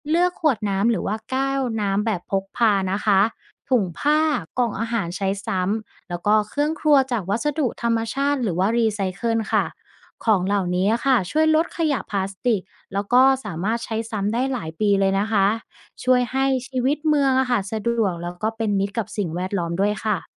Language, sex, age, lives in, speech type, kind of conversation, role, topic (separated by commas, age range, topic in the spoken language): Thai, female, 25-29, Thailand, read, expert-assistant, expert, ถ้าเราอยากออกแบบบ้านที่เป็นมิตรกับสิ่งแวดล้อมในเมืองใหญ่ จะทำอย่างไรให้เหมาะกับชีวิตประจำวัน?